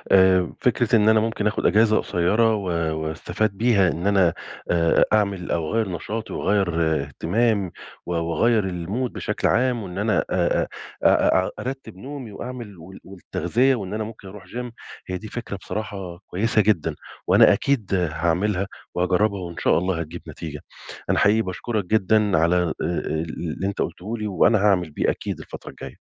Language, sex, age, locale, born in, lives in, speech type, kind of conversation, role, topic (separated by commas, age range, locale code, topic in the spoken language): Arabic, male, 40-44, ar-EG, Egypt, Egypt, conversation, advice, user, إزاي أتعامل مع الملل وفقدان الحافز في حياتي؟